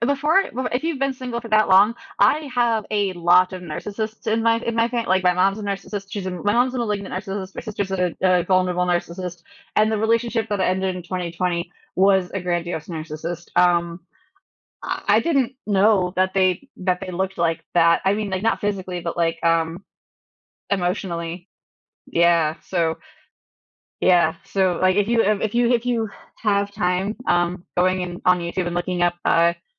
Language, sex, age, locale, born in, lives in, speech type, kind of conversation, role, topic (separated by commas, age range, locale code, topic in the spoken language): English, female, 30-34, en-US, United States, United States, conversation, unstructured, none, How can learning about our attachment styles help us build healthier relationships?